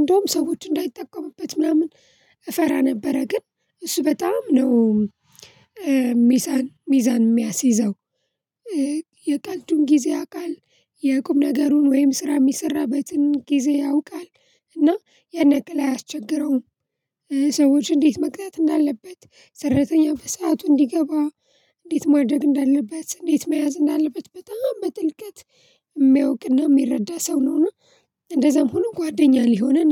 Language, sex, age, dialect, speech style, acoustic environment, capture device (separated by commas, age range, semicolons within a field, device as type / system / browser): Amharic, female, 25-34, Ethiopia - Addis Ababa, relaxed, people talking, mobile / Linux / Chrome